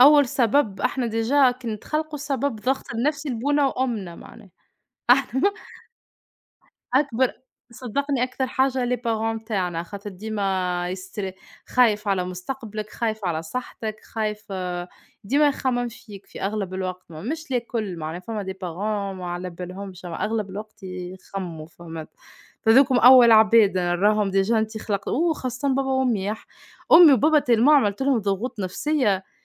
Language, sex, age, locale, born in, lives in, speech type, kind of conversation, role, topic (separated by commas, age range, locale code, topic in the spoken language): Arabic, female, 25-29, ar-TN, Tunisia, Portugal, conversation, unstructured, none, إزاي الضغوط اليومية بتأثر على صحتك النفسية؟